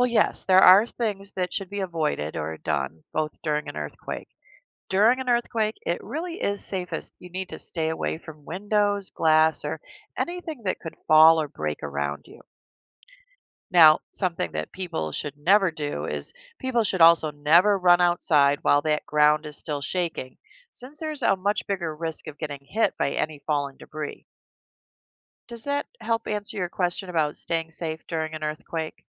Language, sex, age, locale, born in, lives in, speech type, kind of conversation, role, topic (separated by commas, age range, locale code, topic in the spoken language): English, female, 55-59, en-US, United States, United States, read, expert-assistant, expert, What causes earthquakes to occur?